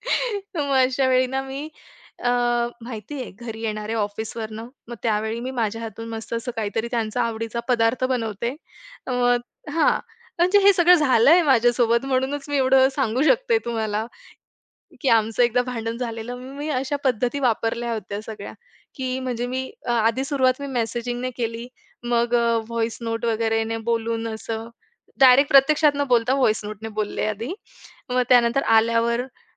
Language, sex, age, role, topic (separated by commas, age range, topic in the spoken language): Marathi, female, 25-29, guest, भावना व्यक्त करण्यासाठी तुम्ही कोणते माध्यम वापरता?